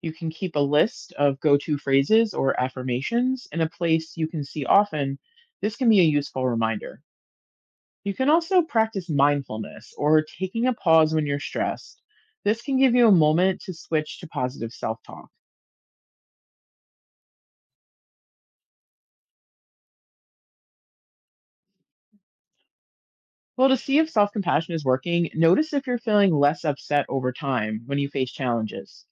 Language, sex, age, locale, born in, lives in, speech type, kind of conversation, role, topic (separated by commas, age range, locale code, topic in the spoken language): English, female, 40-44, en-US, United States, United States, read, expert-assistant, expert, How can practicing self-compassion lead to improved mental health?